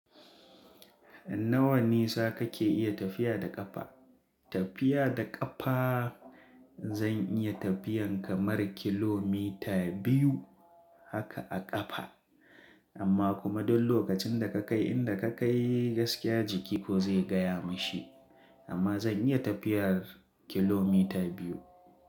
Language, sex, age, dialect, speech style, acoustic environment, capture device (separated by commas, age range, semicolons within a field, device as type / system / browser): Hausa, male, 25-34, Nigeria - Kano, focused; relaxed, traffic, mobile / Linux / Chrome